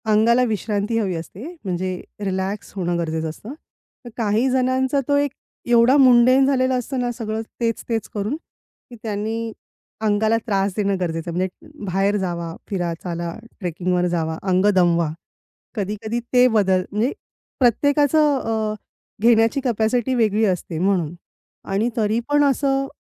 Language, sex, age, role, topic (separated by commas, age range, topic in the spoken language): Marathi, female, 35-39, guest, कामाचा अडथळा आला तर तुम्ही तो कसा दूर करता?